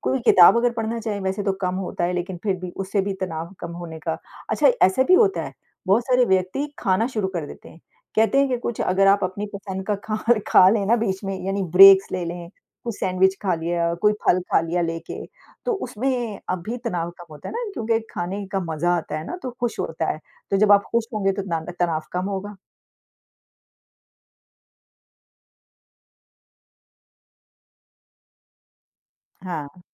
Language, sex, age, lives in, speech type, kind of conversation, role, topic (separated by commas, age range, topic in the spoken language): Hindi, female, 50-54, United States, conversation, unstructured, none, आप अपने दिन में तनाव को कैसे संभालते हैं?